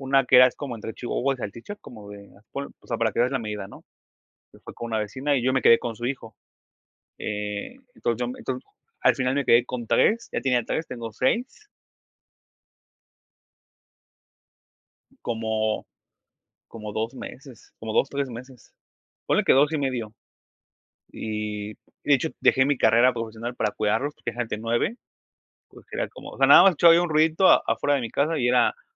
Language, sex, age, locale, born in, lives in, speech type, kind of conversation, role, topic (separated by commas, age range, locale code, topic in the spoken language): Spanish, male, 25-29, es-MX, Mexico, Mexico, conversation, unstructured, none, ¿Qué significa para ti ser una buena persona?